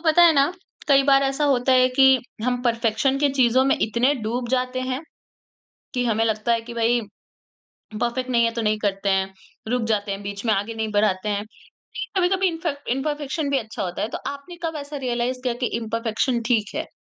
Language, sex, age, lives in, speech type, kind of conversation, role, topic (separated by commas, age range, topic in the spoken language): Hindi, female, 40-44, India, conversation, podcast, host, Kab tumhe pehli baar samajh aaya ki kamiyaan hona bhi theek hai?